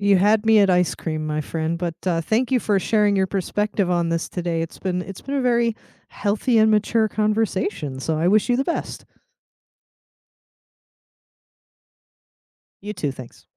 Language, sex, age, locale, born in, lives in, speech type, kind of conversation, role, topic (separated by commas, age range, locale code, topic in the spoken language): English, female, 40-44, en-US, United States, United States, conversation, unstructured, none, Which simple money habit makes your work life easier, and what’s the story behind it?